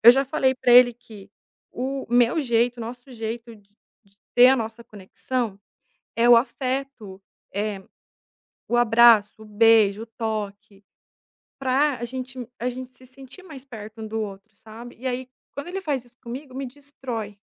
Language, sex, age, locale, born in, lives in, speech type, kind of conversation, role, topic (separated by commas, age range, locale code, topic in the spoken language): Portuguese, female, 30-34, pt-BR, Brazil, Italy, conversation, advice, user, Como posso lidar com a falta de conexão emocional com meu parceiro, já que ele tem se distanciado?